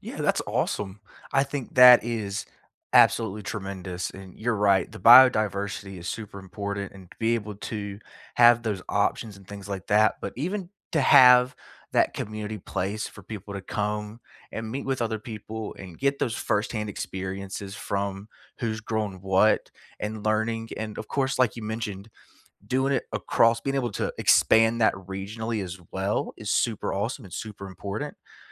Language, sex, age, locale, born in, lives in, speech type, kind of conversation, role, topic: English, male, 25-29, en-US, United States, United States, conversation, unstructured, none, What new tradition are you excited to start this year, and what makes it meaningful?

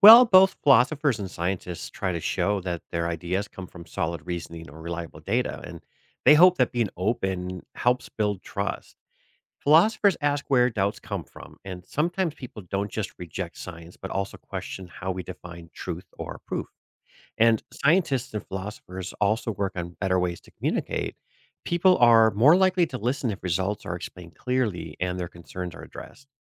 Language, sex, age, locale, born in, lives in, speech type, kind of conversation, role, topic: English, male, 45-49, en-US, United States, United States, read, expert-assistant, expert, How do scientific discoveries impact philosophical thinking?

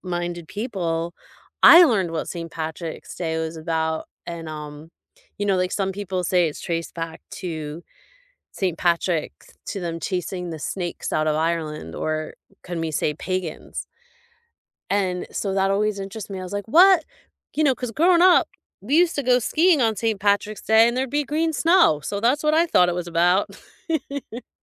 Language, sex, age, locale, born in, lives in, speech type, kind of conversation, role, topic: English, female, 50-54, en-US, United States, United States, conversation, unstructured, none, How do holidays shape the way we connect with culture?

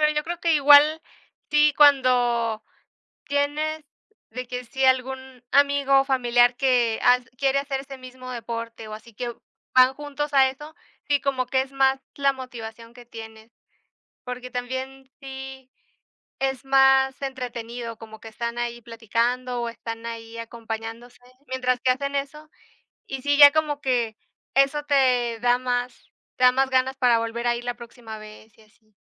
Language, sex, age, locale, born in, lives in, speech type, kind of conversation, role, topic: Spanish, female, 25-29, es-MX, Mexico, Mexico, conversation, unstructured, none, ¿Qué riesgos crees que conlleva una vida sedentaria para la salud?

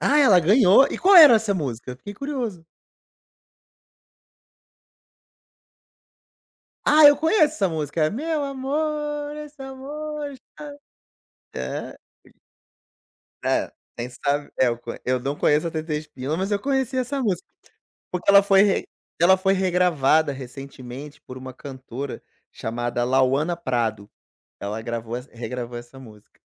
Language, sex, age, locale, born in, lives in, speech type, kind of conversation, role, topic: Portuguese, male, 35-39, pt-BR, Brazil, Portugal, conversation, podcast, host, Qual é a trilha sonora da sua infância?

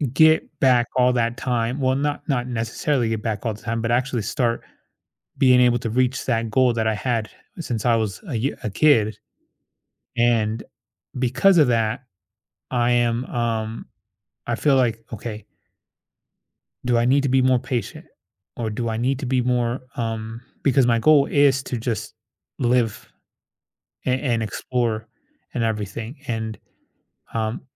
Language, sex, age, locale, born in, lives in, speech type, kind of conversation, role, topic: English, male, 30-34, en-US, United States, United States, conversation, advice, user, How can I cope with jealousy?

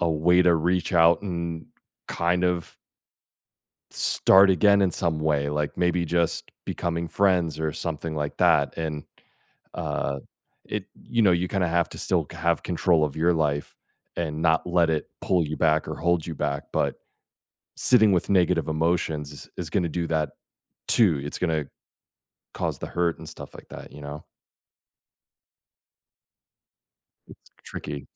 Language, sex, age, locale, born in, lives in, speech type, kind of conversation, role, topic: English, male, 40-44, en-US, United States, United States, conversation, advice, advisor, How can I forgive someone who hurt me and move forward?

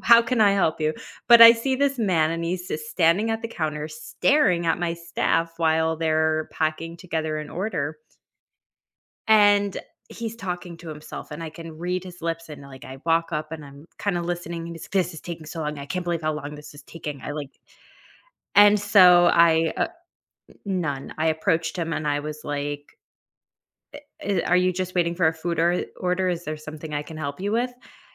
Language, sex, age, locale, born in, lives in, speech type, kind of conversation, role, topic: English, female, 30-34, en-US, United States, United States, conversation, podcast, guest, What helps you maintain your drive and focus when work gets difficult?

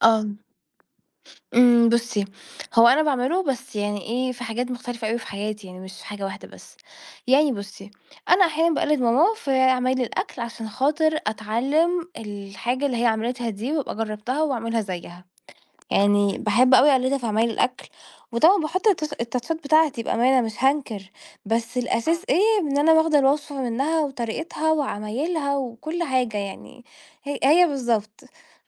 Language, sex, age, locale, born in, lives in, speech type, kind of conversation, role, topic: Arabic, female, 20-24, ar-EG, Egypt, Portugal, conversation, podcast, guest, إيه رأيك في التقليد كطريقة نتعلم بيها ونجرّب؟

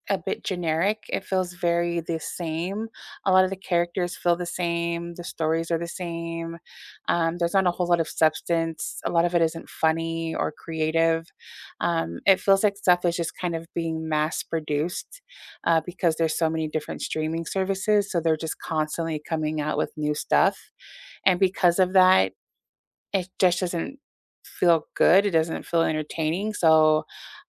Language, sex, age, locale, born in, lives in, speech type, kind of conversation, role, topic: English, female, 40-44, en-US, United States, United States, conversation, unstructured, none, What is your current comfort show, and why does it work for you?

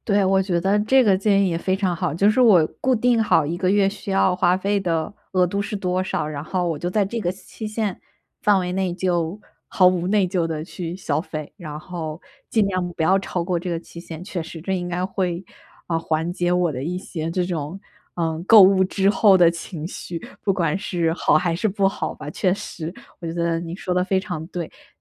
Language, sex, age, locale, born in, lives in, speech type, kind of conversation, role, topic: Chinese, female, 25-29, zh-CN, China, United States, conversation, advice, user, 我怎样才能控制购物冲动并减少不必要的花费？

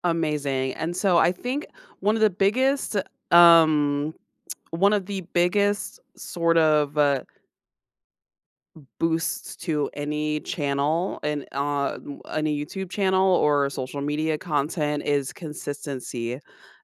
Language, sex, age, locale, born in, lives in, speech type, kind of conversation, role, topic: English, female, 35-39, en-US, United States, United States, conversation, advice, advisor, How do I pick a new hobby I'll enjoy and get started?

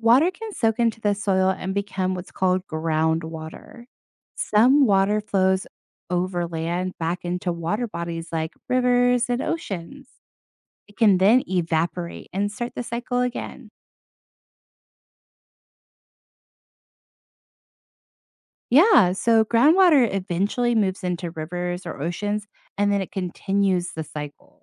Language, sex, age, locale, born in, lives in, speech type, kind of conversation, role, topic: English, female, 30-34, en-US, United States, United States, read, expert-assistant, expert, How does the water cycle work?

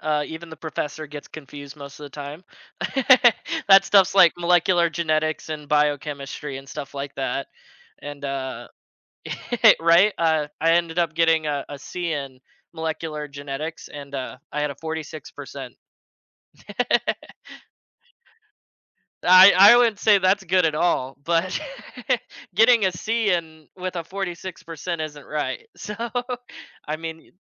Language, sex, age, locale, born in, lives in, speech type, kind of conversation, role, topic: English, male, 20-24, en-US, United States, United States, conversation, advice, user, How can I share exciting news with my family in a way that feels special?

